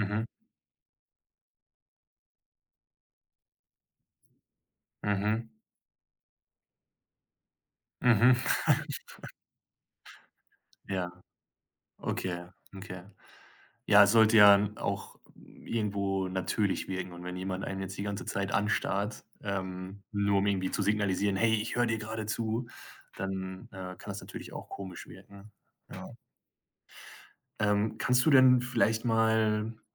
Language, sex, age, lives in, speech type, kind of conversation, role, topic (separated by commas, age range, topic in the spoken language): German, male, 25-29, Germany, conversation, podcast, host, Was macht für dich gutes Zuhören aus?